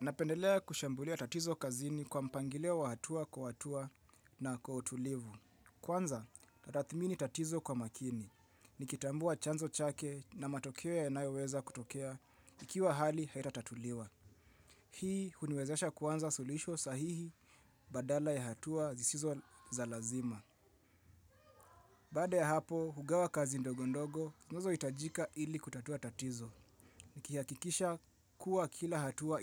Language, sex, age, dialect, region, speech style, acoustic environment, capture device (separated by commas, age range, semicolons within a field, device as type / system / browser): Swahili, male, 25-34, Kenya - Nairobi Sheng-influenced, Kenya, relaxed; focused, people talking; music; silence; appliances, mobile / Linux / Chrome